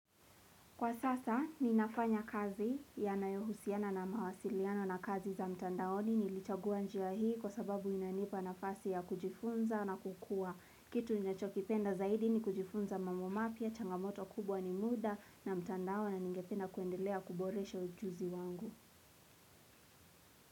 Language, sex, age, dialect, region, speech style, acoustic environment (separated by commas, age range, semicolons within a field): Swahili, female, 18-24, Kenya - Nairobi Sheng-influenced, Kenya, relaxed, silence; nature